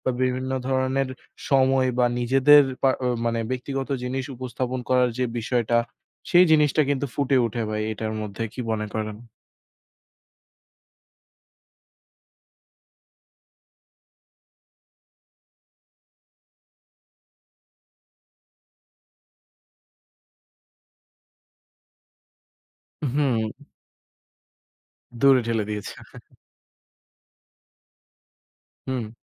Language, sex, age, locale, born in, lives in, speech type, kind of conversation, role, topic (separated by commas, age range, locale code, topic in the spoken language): Bengali, male, 20-24, bn-BD, Bangladesh, Bangladesh, conversation, unstructured, none, বর্তমান সময়ে সমাজমাধ্যমের প্রভাবকে আপনি কীভাবে দেখছেন?